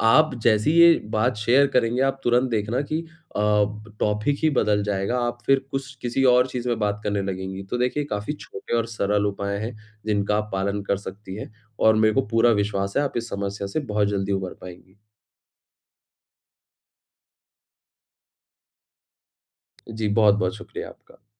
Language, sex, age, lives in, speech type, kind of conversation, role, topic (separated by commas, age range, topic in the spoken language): Hindi, male, 25-29, India, conversation, advice, advisor, मैं बिना किसी लक्ष्य के अवकाश का आनंद कैसे ले सकता/सकती हूँ?